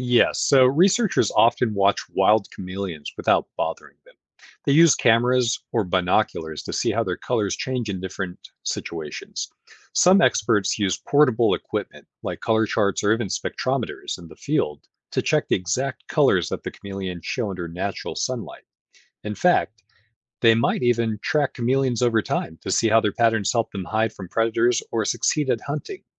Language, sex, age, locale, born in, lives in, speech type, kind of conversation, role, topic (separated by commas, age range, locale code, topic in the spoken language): English, male, 35-39, en-US, United States, United States, read, expert-assistant, expert, How and why do chameleons and other animals change their color?